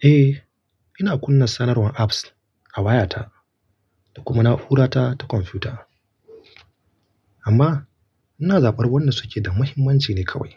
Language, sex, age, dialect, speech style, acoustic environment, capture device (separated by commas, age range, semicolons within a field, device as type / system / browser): Hausa, male, 25-34, Nigeria - Kano, relaxed; focused, nature; appliances, mobile / Linux / Chrome